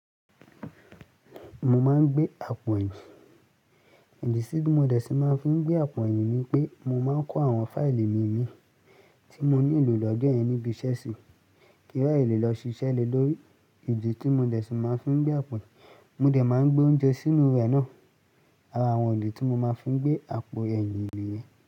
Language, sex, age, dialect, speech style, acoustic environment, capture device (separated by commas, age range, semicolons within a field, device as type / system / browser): Yoruba, male, 18-24, Nigeria - Lagos Yoruba, happy; relaxed; focused, music; people talking; traffic; construction; appliances, mobile / Linux / Chrome